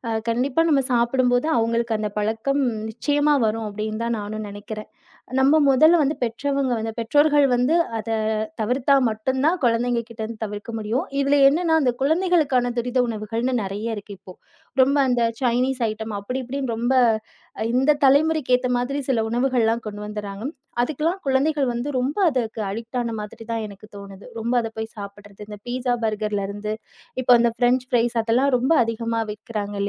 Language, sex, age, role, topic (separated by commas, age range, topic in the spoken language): Tamil, female, 30-34, guest, நண்பர்களோடு சேர்ந்து பகிர்ந்து சாப்பிட்ட உங்கள் பிடித்த தெருவோர உணவு பற்றிய நினைவு என்ன?